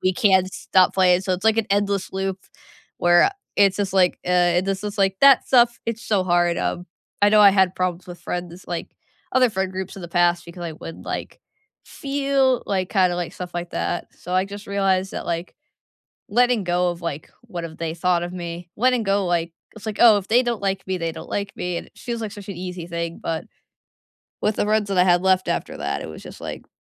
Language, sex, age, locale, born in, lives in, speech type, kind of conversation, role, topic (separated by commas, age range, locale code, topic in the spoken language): English, female, 20-24, en-US, United States, United States, conversation, unstructured, none, After a long day, what is your favorite way to unwind, and why is it meaningful to you?